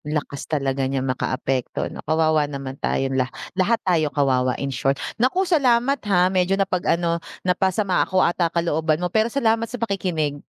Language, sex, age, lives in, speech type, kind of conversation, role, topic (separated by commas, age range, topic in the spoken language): Filipino, female, 40-44, Philippines, conversation, unstructured, none, Paano mo ilalarawan ang epekto ng korapsyon sa ating lipunan?